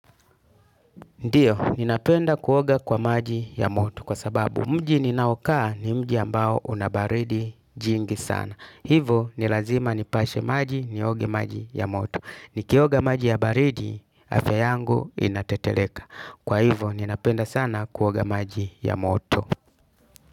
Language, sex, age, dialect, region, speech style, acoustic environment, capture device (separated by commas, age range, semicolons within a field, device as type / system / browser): Swahili, male, 35-44, Tanzania - Dar es Salaam, Tanzania, happy, silence, desktop / Linux / Chrome